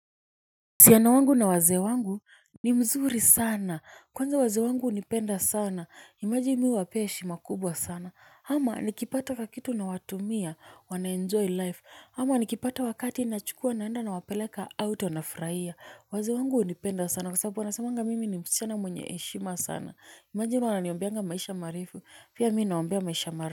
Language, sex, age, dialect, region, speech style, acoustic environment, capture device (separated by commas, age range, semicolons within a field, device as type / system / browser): Swahili, female, 25-34, Kenya - Nairobi Sheng-influenced, Kenya, nervous, people talking; nature, mobile / Linux / Chrome